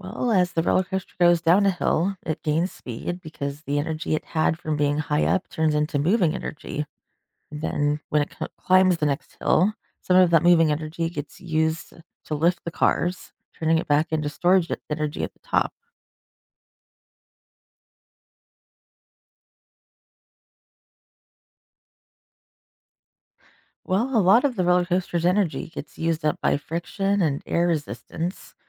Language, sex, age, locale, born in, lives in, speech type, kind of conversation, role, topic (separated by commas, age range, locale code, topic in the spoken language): English, female, 40-44, en-US, United States, United States, read, expert-assistant, expert, How does a roller coaster use energy to move?